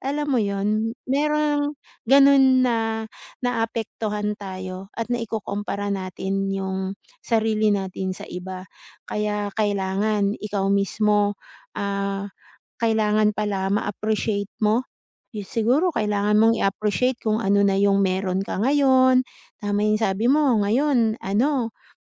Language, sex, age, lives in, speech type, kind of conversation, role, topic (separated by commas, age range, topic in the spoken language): Filipino, female, 40-44, Philippines, conversation, advice, advisor, Paano ko malalaman kung ano ang sarili kong sukatan ng tagumpay?